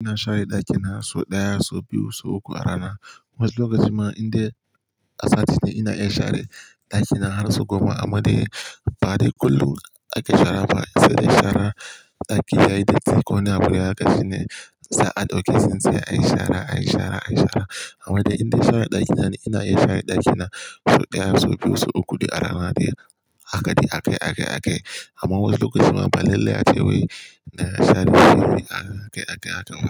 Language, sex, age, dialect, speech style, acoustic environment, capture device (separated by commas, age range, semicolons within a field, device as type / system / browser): Hausa, male, 18-24, Nigeria - Kano, nervous; excited; focused; tired; anxious; happy, appliances; nature; silence, mobile / Linux / Chrome